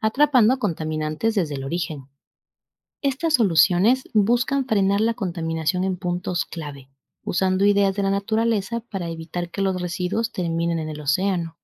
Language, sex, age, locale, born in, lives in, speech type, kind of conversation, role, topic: Spanish, female, 25-29, es-EC, Ecuador, Spain, read, expert-assistant, expert, ¿Cómo inspiran los animales marinos tecnologías para limpiar los océanos?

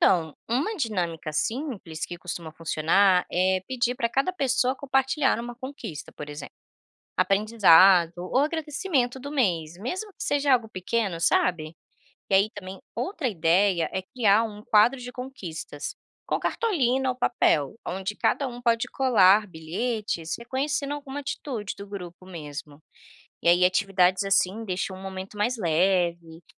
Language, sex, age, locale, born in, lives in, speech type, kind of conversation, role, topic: Portuguese, female, 25-29, pt-BR, Brazil, Spain, read, expert-assistant, expert, Como fortalecer a confiança e a participação em projetos sociais nas comunidades?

